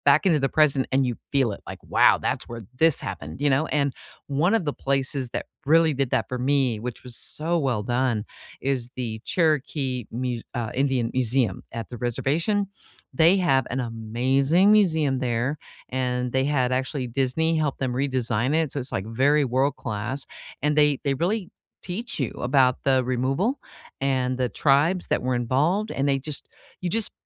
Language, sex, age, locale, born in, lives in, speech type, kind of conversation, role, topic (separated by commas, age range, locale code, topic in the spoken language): English, female, 55-59, en-US, United States, United States, conversation, unstructured, none, Which museums or quirky attractions exceeded your expectations?